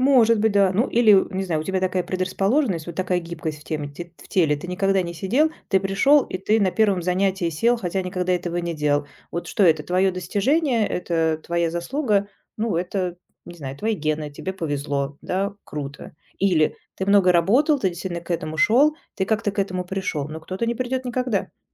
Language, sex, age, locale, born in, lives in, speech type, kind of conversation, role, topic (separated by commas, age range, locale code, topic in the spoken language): Russian, female, 45-49, ru-RU, Russia, Germany, conversation, podcast, guest, Как по‑человечески перестать сравнивать себя с другими?